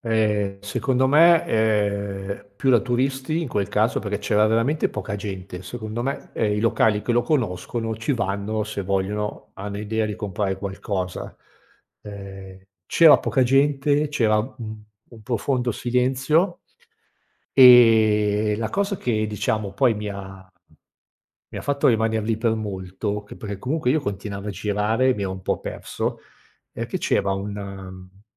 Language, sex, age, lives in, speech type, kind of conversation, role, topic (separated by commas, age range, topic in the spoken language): Italian, male, 50-54, Italy, conversation, podcast, guest, Puoi raccontarmi di un mercato locale che ti ha affascinato?